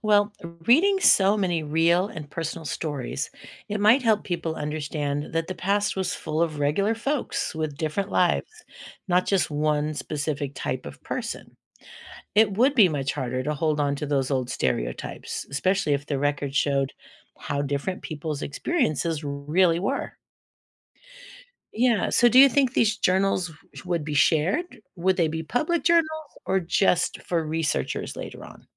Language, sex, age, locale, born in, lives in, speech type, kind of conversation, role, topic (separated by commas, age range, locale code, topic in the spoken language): English, female, 60-64, en-US, United States, United States, read, expert-assistant, expert, What would happen if everyone kept a daily journal?